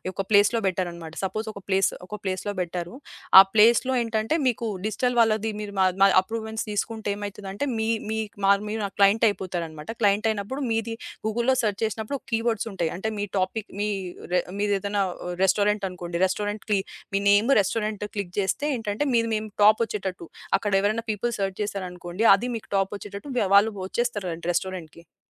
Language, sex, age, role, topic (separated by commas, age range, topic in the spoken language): Telugu, female, 25-29, guest, మీ జీవితంలో మీరు తీసుకున్న ఏ నిర్ణయంపై మీకు ఎక్కువ పశ్చాత్తాపం ఉంది?